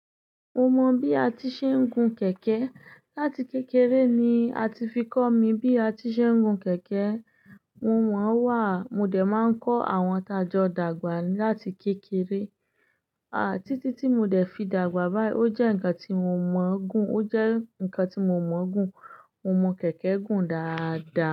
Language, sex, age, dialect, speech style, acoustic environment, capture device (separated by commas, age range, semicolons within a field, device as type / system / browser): Yoruba, female, 25-34, Nigeria - Ibadan, happy, silence, desktop / Linux / Chrome